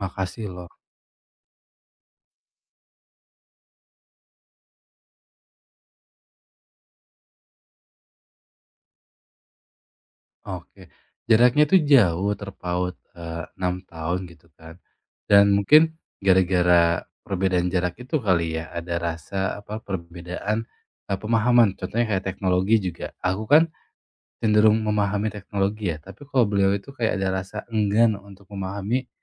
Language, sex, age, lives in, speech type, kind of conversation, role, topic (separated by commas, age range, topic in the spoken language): Indonesian, male, 25-29, Indonesia, conversation, advice, user, Bagaimana cara menetapkan batas yang sehat dalam hubungan dengan saudara saya?